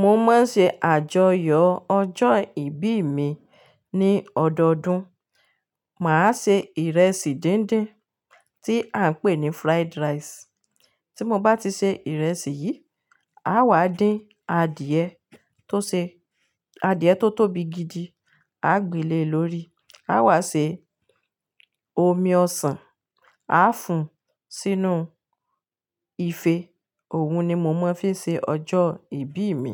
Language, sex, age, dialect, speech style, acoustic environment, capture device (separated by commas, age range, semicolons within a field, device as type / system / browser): Yoruba, female, 35-44, Nigeria - Ibadan, happy, silence, mobile / Linux / Chrome